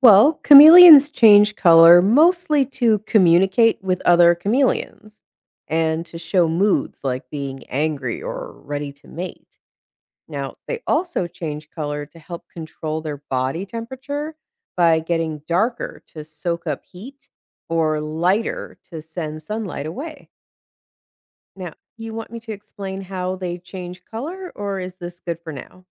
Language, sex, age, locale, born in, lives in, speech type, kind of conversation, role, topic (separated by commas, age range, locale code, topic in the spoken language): English, female, 35-39, en-US, United States, United States, read, expert-assistant, expert, Why do chameleons change color?